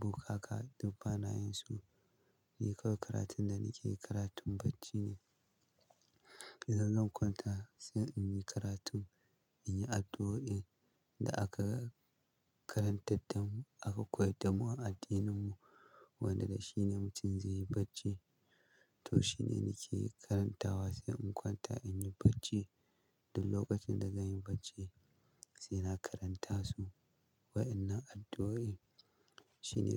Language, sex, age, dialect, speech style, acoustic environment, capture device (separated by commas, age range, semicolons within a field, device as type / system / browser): Hausa, male, 25-34, Nigeria - Kano, happy, silence, mobile / Linux / Chrome